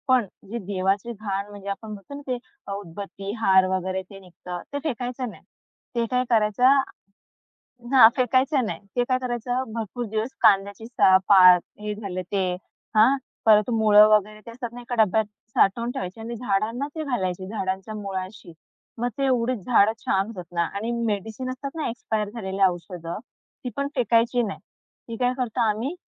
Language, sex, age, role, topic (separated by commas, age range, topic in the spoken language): Marathi, female, 25-29, guest, निसर्गातून तुला कोणत्या कल्पना किंवा प्रेरणा मिळाल्या आहेत?